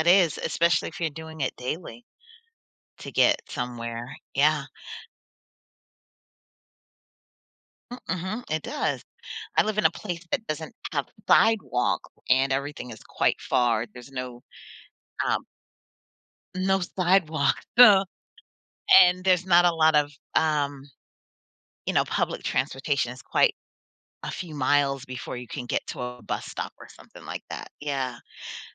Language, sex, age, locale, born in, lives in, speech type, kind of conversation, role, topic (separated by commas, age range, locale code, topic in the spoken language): English, female, 40-44, en-US, United States, United States, conversation, podcast, host, How can we reduce pollution in our communities?